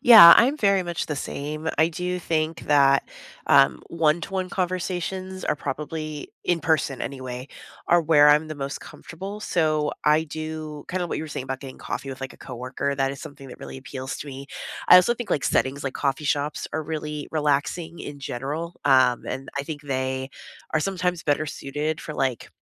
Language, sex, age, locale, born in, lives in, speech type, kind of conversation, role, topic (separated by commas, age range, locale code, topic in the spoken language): English, female, 35-39, en-US, United States, United States, conversation, unstructured, none, How do you recharge after a long week—by spending time with others or by being on your own?